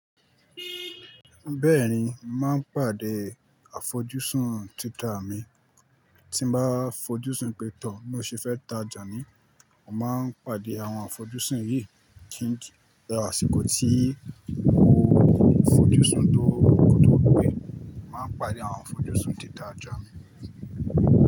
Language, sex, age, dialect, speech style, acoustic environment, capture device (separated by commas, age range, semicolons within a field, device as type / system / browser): Yoruba, male, 25-34, Nigeria - Ibadan, focused; relaxed, nature; silence, mobile / Linux / Chrome